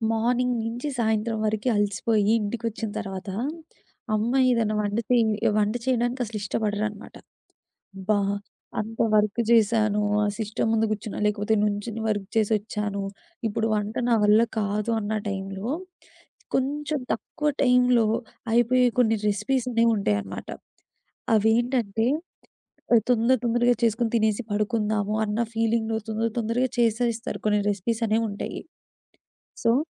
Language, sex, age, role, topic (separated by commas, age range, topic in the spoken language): Telugu, female, 20-24, host, రాత్రి భోజనం తక్కువ సమయంలో తయారు చేయడానికి ఏమైనా సులభమైన పద్ధతులు చెప్పగలరా?